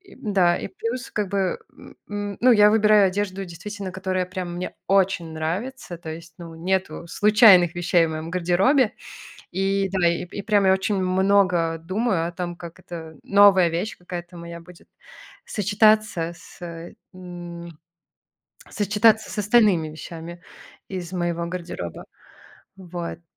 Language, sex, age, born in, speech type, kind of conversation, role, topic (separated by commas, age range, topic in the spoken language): Russian, male, 30-34, Belarus, conversation, podcast, guest, Как ты используешь одежду, чтобы подчеркнуть свою идентичность?